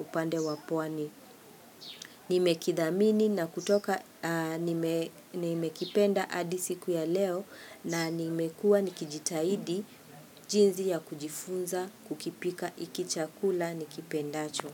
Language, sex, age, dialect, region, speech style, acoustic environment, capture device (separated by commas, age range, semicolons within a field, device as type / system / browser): Swahili, female, 45-54, Kenya - Nairobi Sheng-influenced, Kenya, happy; relaxed, people talking; nature, mobile / Linux / Chrome